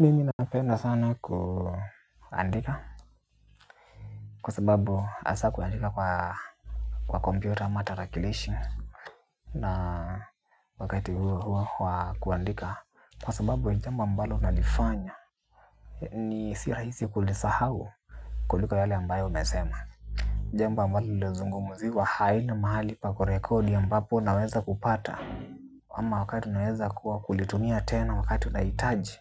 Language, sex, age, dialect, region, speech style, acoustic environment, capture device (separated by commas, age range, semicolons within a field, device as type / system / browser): Swahili, male, 18-24, Kenya - Nairobi Sheng-influenced, Kenya, happy, appliances, mobile / Linux / Chrome